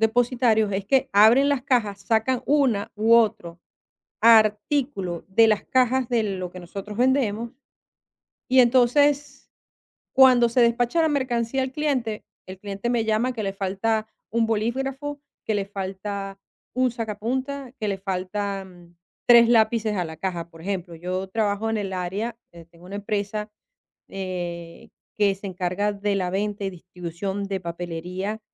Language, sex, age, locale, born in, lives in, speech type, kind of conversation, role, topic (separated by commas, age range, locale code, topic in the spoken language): Spanish, female, 50-54, es-VE, Venezuela, Portugal, conversation, advice, user, ¿Qué te impide delegar tareas y soltar el control operativo?